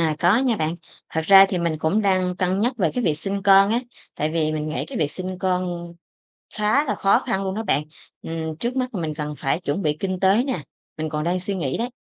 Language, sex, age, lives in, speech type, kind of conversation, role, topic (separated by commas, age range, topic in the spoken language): Vietnamese, female, 30-34, Vietnam, conversation, podcast, guest, Bạn cân nhắc việc có nên sinh con hay không như thế nào?